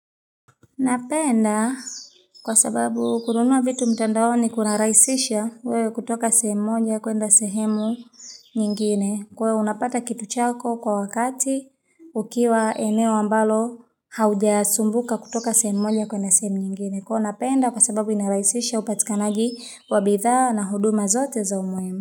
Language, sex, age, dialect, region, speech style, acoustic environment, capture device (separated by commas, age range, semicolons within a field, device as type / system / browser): Swahili, female, 25-34, Tanzania - Dar es Salaam, Tanzania, relaxed, nature, mobile / Linux / Chrome